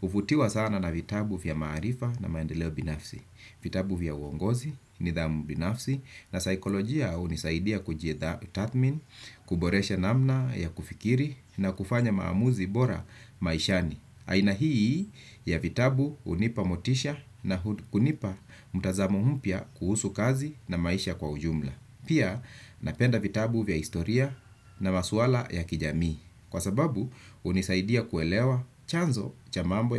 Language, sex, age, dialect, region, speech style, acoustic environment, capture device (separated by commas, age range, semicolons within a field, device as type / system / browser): Swahili, male, 35-44, Kenya - Nairobi Sheng-influenced, Kenya, relaxed; focused, nature, desktop / Windows / Chrome